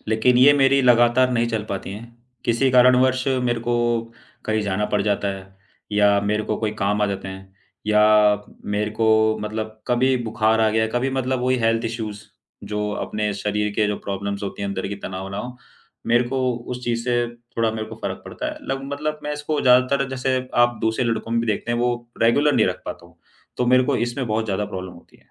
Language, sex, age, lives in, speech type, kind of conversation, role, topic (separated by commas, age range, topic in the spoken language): Hindi, male, 25-29, India, conversation, advice, user, मैं अपने ऊर्जा स्तर का सही आकलन करके उसे थकान और बर्नआउट से कैसे अलग करूँ?